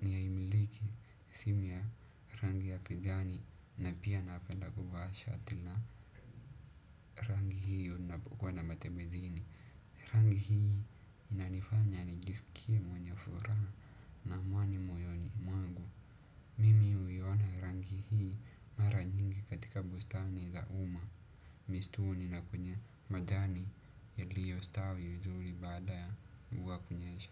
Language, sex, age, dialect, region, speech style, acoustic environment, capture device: Swahili, male, 18-24, Kenya - Nairobi Sheng-influenced, Kenya, happy, silence, desktop / Windows / Chrome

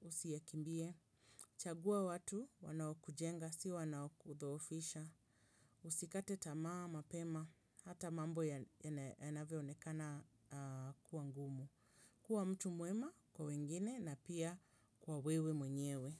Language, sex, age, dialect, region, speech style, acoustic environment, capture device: Swahili, female, 35-44, Kenya - Nairobi Sheng-influenced, Kenya, happy; relaxed; excited; focused, people talking; nature; silence; appliances, mobile / Linux / Chrome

